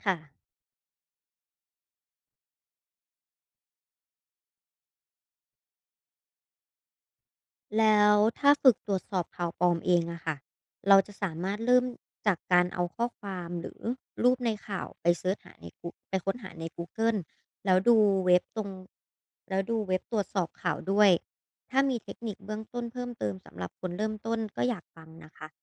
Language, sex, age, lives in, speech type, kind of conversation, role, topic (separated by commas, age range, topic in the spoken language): Thai, female, 25-29, Thailand, read, expert-assistant, asker, นักข่าวมีวิธีฝึกสังเกตข่าวจริงกับข่าวปลอมในชีวิตประจำวันยังไงบ้าง?